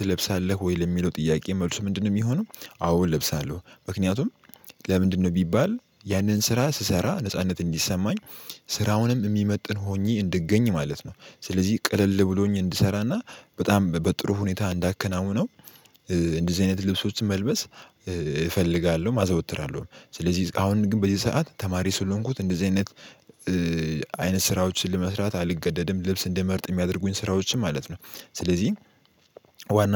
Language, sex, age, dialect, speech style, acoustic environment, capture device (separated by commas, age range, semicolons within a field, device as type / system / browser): Amharic, male, 18-24, Ethiopia - Addis Ababa, happy; focused; excited; relaxed, silence, mobile / Linux / Chrome